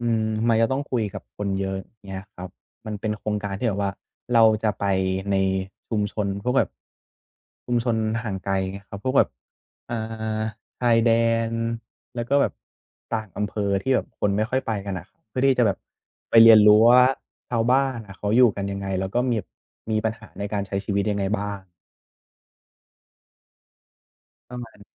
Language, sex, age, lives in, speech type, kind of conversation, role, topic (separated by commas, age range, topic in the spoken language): Thai, male, 20-24, Thailand, conversation, advice, user, ฉันควรตัดสินใจอย่างไรเมื่อมีตัวเลือกมากเกินไป?